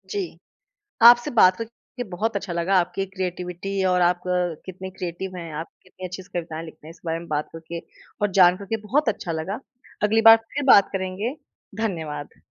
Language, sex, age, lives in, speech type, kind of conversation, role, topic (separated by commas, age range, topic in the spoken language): Hindi, female, 30-34, India, conversation, podcast, host, आप अपना रचनात्मक काम शुरू कैसे करते हैं?